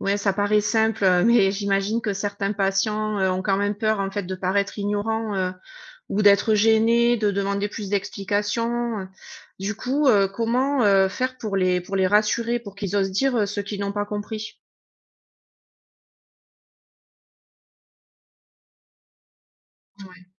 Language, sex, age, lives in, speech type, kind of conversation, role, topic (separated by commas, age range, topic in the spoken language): French, female, 40-44, United States, read, expert-assistant, asker, Comment gérer les malentendus entre médecins et patients lors des consultations ?